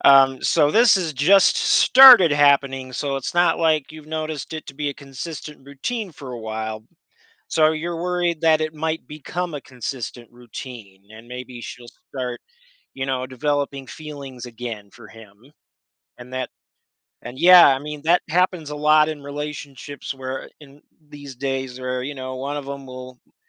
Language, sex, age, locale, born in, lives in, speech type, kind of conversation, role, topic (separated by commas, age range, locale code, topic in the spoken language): English, male, 30-34, en-US, United States, United States, conversation, advice, advisor, How can I address my partner spending time with their ex?